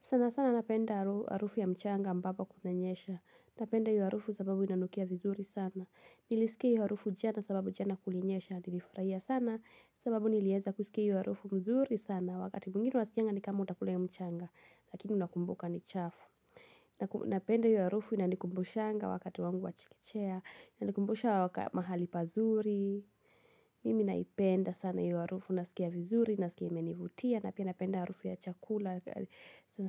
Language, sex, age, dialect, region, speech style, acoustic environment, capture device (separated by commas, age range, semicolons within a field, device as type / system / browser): Swahili, female, 18-24, Kenya - Kiambu, Kenya, happy, music, mobile / Linux / Chrome